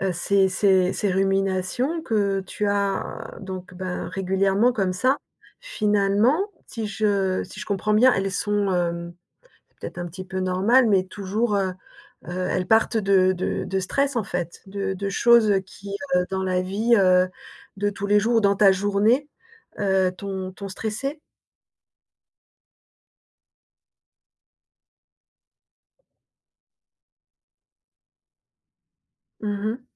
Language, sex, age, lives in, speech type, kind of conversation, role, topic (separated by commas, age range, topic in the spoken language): French, female, 55-59, France, conversation, advice, advisor, Comment faire face à des ruminations constantes et à l’incapacité à lâcher prise ?